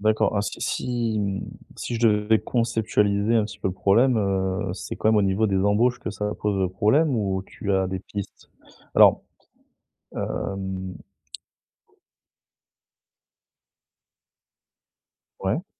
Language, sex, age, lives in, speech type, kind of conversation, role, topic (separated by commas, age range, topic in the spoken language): French, male, 30-34, France, conversation, advice, advisor, Comment faire en sorte que mes actions reflètent réellement mes valeurs au quotidien ?